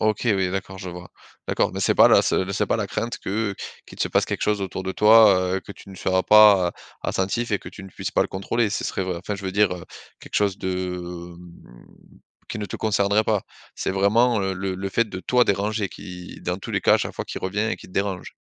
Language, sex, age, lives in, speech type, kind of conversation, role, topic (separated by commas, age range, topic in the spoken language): French, male, 35-39, France, conversation, advice, advisor, Pourquoi ai-je du mal à me détendre chez moi et à profiter des films ou de la musique ?